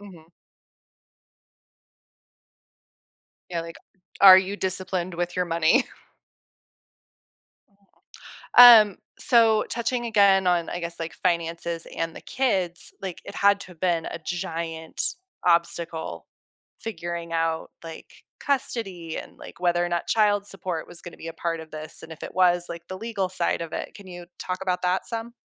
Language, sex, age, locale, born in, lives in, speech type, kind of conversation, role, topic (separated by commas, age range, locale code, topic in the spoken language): English, female, 35-39, en-US, United States, United States, conversation, podcast, host, How has overcoming a difficult experience shaped who you are today?